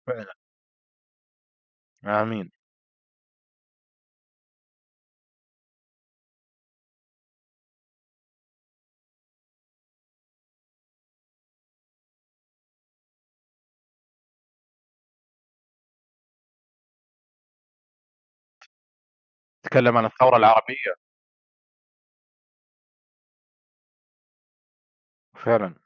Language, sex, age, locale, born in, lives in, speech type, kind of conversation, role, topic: Arabic, male, 25-29, ar-SA, Saudi Arabia, United States, conversation, unstructured, none, هل شايف إن الدين ممكن يكون سبب في التفرقة؟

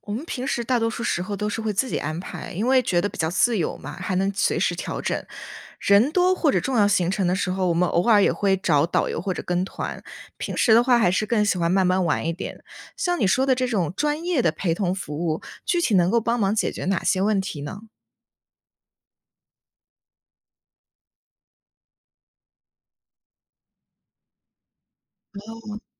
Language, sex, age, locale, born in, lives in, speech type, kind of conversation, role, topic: Chinese, female, 20-24, zh-CN, China, United States, read, expert-assistant, asker, 计划多代同游时，你通常采用哪种行程安排方式来平衡大家的兴趣？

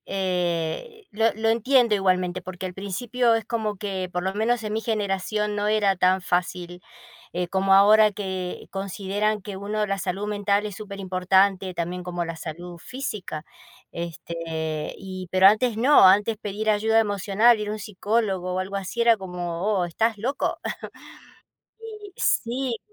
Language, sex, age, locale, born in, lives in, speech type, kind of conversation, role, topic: Spanish, female, 55-59, es-AR, Argentina, United States, conversation, unstructured, none, ¿Alguna vez has sentido que te juzgan por pedir ayuda emocional?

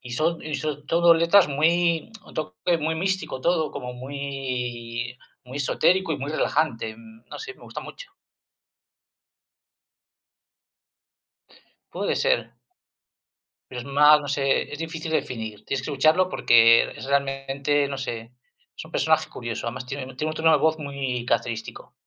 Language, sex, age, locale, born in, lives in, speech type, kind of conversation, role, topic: Spanish, male, 50-54, es-CL, Spain, Spain, conversation, unstructured, none, ¿Qué tipo de música te hace sentir mejor en un día difícil?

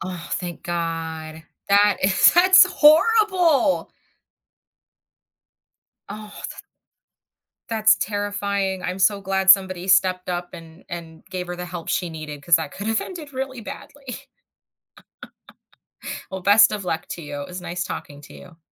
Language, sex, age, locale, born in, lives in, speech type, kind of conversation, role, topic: English, female, 35-39, en-US, United States, United States, conversation, advice, advisor, How can I reconnect with a close friend who drifted apart?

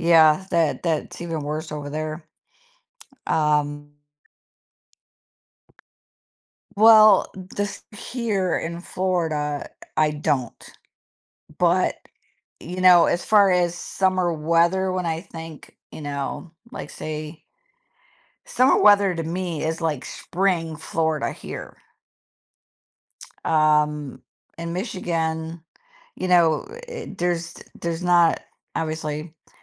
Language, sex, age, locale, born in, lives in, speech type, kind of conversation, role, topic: English, female, 50-54, en-US, United States, United States, conversation, unstructured, none, Which do you prefer, summer or winter, and why?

